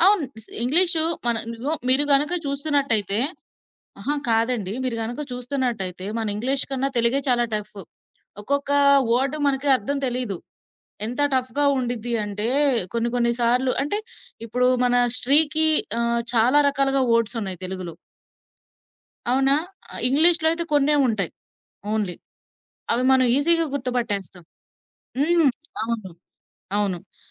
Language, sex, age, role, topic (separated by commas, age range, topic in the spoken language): Telugu, female, 25-29, guest, మీ భాష మీకు నిజంగా అవసరమా, లేక అది కేవలం అలవాటేనా?